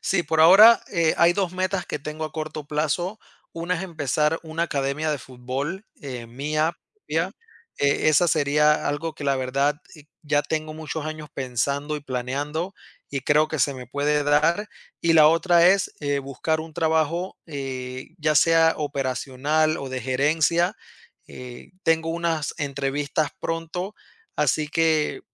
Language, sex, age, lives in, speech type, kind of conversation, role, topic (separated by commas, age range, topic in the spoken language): Spanish, male, 30-34, United States, conversation, podcast, guest, ¿Cómo viviste tu primer gran giro profesional?